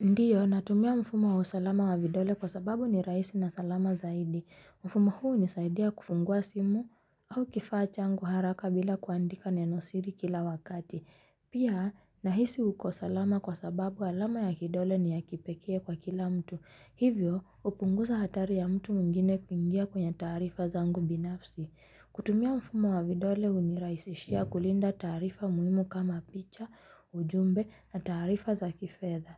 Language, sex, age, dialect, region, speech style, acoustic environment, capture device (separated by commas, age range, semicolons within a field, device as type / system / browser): Swahili, female, 25-34, Kenya - Nairobi Sheng-influenced, Kenya, happy, music, mobile / Linux / Chrome